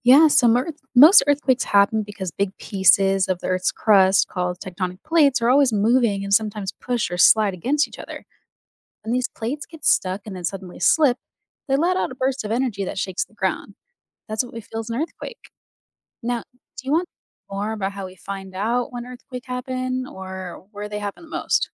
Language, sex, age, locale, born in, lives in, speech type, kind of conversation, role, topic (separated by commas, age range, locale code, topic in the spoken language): English, female, 30-34, en-US, United States, United States, read, expert-assistant, expert, What causes earthquakes to happen?